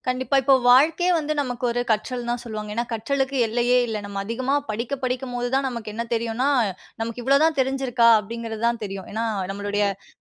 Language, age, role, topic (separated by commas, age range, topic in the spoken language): Tamil, 30-34, guest, பின்னூட்டத்தைப் பயன்படுத்தி உங்கள் கற்றலை எப்படித் திறமையாக மேம்படுத்தலாம்?